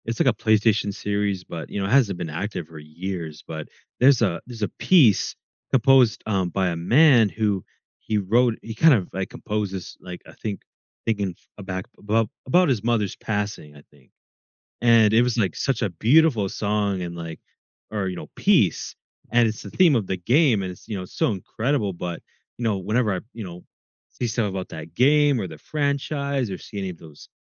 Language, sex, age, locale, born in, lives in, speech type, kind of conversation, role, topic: English, male, 20-24, en-US, United States, United States, conversation, podcast, guest, How does music affect mood?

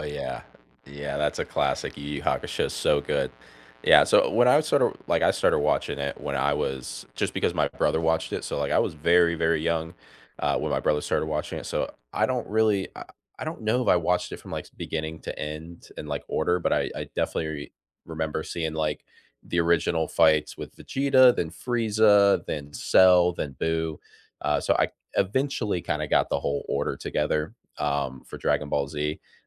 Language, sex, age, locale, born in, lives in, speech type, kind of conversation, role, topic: English, male, 25-29, en-US, United States, United States, conversation, unstructured, none, Which nostalgic cartoons or sitcoms still make you laugh?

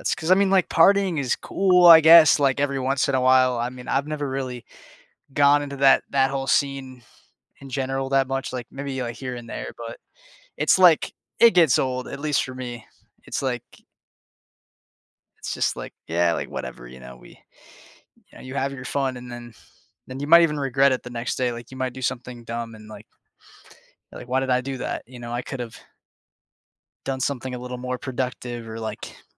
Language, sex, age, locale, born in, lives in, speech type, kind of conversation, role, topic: English, male, 20-24, en-US, United States, United States, conversation, unstructured, none, Would you rather prioritize work-life balance or career advancement?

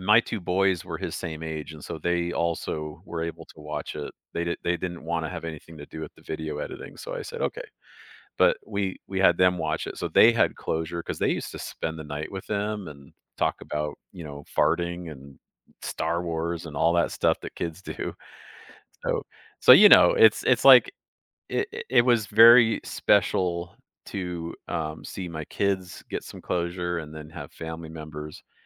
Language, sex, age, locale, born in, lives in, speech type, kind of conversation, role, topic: English, male, 45-49, en-US, Canada, United States, conversation, advice, user, How can I cope with losing someone close?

